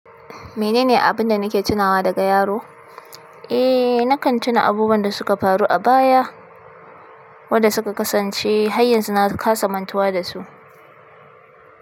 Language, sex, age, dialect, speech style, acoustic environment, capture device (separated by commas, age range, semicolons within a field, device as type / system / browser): Hausa, female, 25-34, Nigeria - Kano, happy; nervous, nature; appliances, desktop / Linux / Chrome